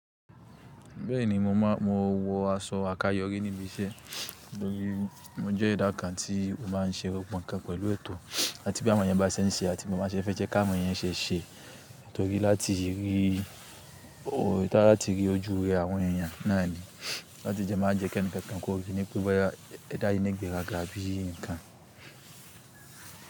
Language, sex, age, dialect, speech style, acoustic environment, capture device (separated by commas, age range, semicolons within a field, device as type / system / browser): Yoruba, male, 25-34, Nigeria - Kano, anxious; nervous, nature, desktop / Linux / Chrome